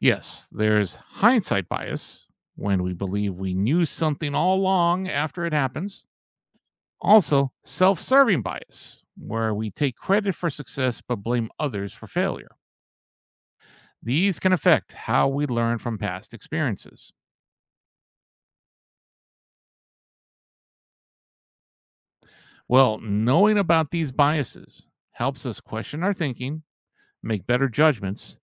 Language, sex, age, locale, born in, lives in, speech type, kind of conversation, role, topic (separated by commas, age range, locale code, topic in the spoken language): English, male, 55-59, en-US, United States, United States, read, expert-assistant, expert, What are the common types of biases in decision-making?